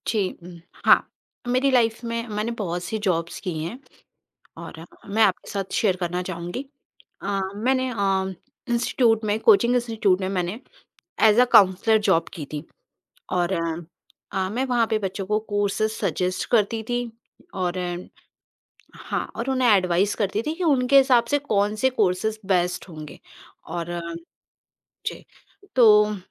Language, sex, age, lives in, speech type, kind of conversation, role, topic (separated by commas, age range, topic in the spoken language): Hindi, female, 40-44, India, conversation, podcast, guest, Kaise pata karein ki kaun sa kaam hamare liye sach mein maayne rakhta hai?